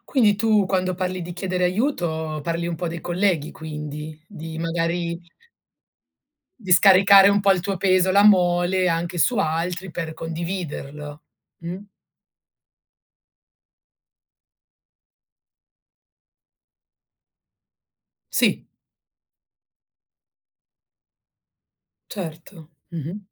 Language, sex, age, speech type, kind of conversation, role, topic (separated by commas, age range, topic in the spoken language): Italian, female, 40-44, conversation, podcast, host, Come reagisci quando qualcuno non rispetta i tuoi limiti?